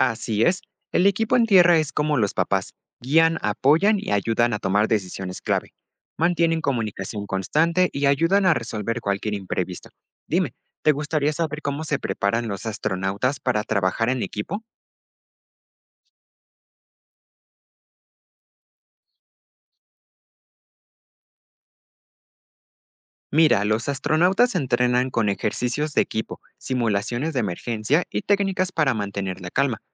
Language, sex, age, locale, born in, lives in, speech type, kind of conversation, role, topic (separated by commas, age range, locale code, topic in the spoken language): Spanish, male, 18-19, es-MX, Mexico, Mexico, read, expert-assistant, expert, ¿Puedes darme un ejemplo sencillo de cómo se planifica una misión espacial, usando una situación cotidiana como planear unas vacaciones?